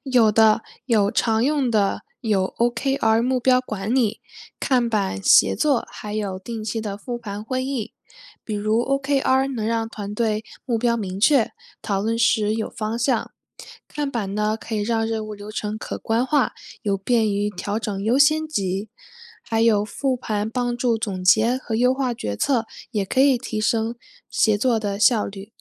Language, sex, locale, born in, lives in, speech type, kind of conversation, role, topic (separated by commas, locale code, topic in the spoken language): Chinese, female, zh-CN, China, United States, read, expert-assistant, expert, 为什么有些创业点子能成功，而有些却失败了？背后的原因有哪些？